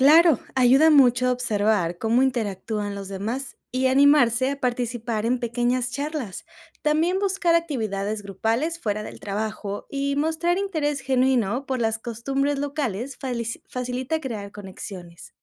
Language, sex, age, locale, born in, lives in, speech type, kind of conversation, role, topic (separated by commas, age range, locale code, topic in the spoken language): Spanish, female, 25-29, es-MX, Mexico, Mexico, read, expert-assistant, expert, ¿Qué métodos prácticos ayudan a mejorar nuestra capacidad de adaptarnos a nuevas culturas cuando viajamos o emigramos?